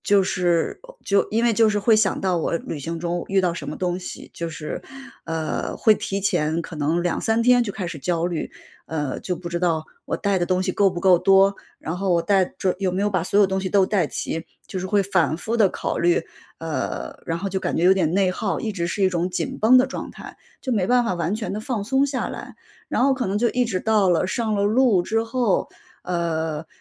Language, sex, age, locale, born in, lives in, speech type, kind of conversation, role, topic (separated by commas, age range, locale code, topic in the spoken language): Chinese, female, 35-39, zh-CN, China, United States, conversation, advice, user, 我旅行时总是感到焦虑和不安，该怎么办？